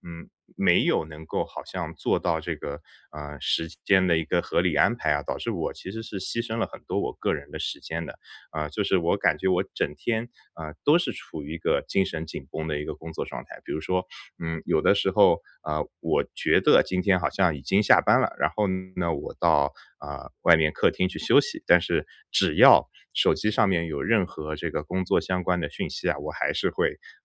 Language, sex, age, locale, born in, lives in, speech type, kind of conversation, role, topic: Chinese, male, 30-34, zh-CN, China, United States, conversation, advice, user, 如何在自律与自我宽容之间找到平衡？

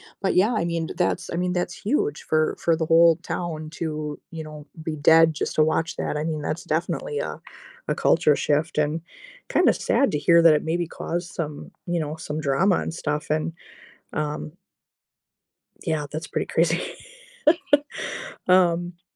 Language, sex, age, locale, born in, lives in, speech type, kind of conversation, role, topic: English, female, 40-44, en-US, United States, United States, conversation, unstructured, none, How do reality TV shows shape our views and conversations about society?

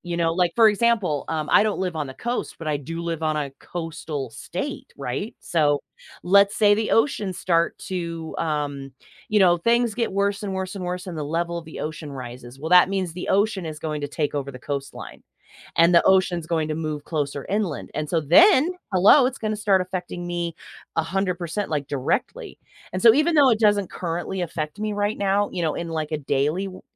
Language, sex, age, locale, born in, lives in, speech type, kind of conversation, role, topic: English, female, 45-49, en-US, United States, United States, conversation, podcast, guest, How can everyday choices and community efforts help protect ocean health?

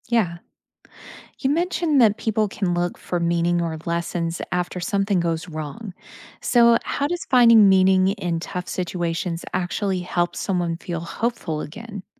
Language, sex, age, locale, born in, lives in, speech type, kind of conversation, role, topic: English, female, 35-39, en-US, United States, United States, read, expert-assistant, asker, How do people express hope for the future?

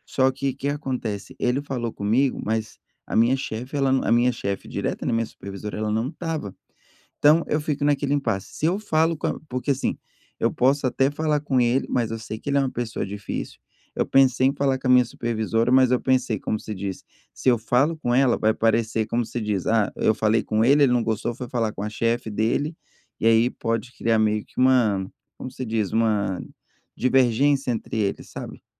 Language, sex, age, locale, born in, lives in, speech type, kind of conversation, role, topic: Portuguese, male, 30-34, pt-BR, Brazil, United States, conversation, advice, user, Como posso gerenciar minhas reações emocionais ao receber feedback crítico no trabalho?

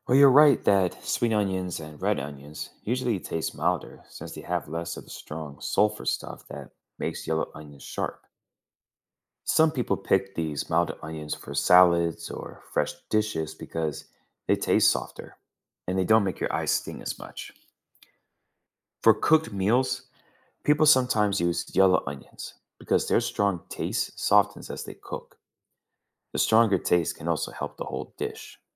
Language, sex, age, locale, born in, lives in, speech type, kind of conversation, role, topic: English, male, 30-34, en-US, United States, United States, read, expert-assistant, expert, Why do onions make our eyes water?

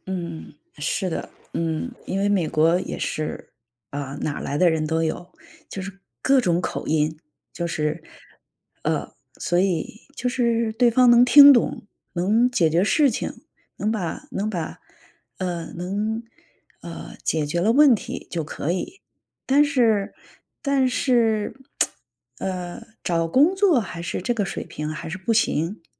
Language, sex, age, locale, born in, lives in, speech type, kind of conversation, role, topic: Chinese, female, 45-49, zh-CN, China, United States, conversation, advice, user, 你为什么会对搬家或重大的生活改变感到害怕？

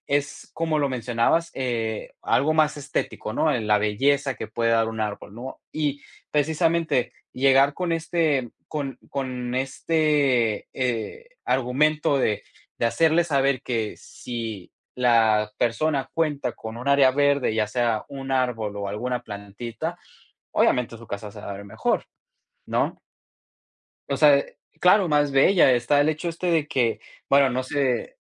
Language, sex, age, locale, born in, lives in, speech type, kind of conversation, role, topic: Spanish, male, 20-24, es-MX, Mexico, United States, conversation, podcast, guest, ¿Cómo animarías a tu comunidad a plantar árboles?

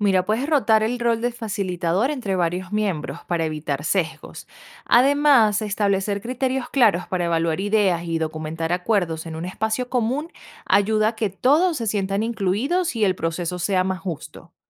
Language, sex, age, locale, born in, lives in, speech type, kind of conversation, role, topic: Spanish, female, 25-29, es-VE, Venezuela, United States, read, expert-assistant, expert, ¿Cuál es la mejor forma de planificar un proyecto colaborativo de arte digital con personas de diferentes países?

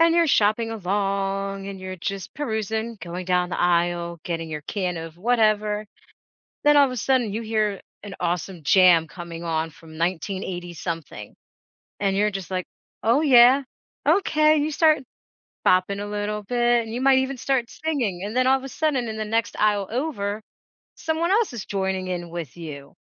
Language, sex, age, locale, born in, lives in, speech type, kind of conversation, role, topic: English, female, 40-44, en-US, United States, United States, conversation, podcast, guest, Why do you think music brings people together in such meaningful ways?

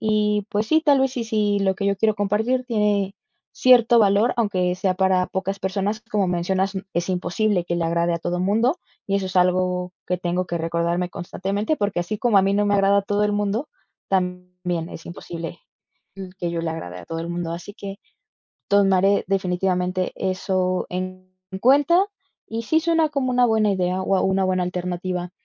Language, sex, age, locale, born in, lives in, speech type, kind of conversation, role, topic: Spanish, female, 20-24, es-MX, Mexico, Mexico, conversation, advice, user, ¿Cómo te impide el miedo al juicio de los demás compartir o poner en práctica tus ideas?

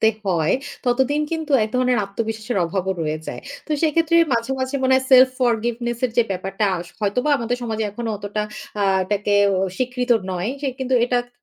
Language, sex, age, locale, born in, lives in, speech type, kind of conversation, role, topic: Bengali, female, 35-39, bn-BD, Bangladesh, Finland, conversation, podcast, host, কখন ক্ষমা করা জরুরি বলে আপনি মনে করেন?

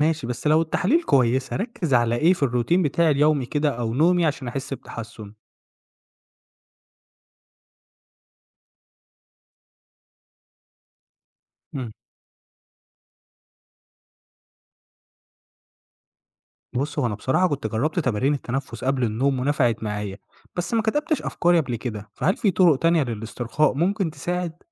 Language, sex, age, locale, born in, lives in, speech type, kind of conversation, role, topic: Arabic, male, 25-29, ar-EG, Egypt, Egypt, read, expert-assistant, asker, ليه ساعات بصحى من النوم حاسس إني تعبان أكتر من وأنا نايم؟ إيه الأسباب الممكن تكون ورا كده وازاي أكتشفها؟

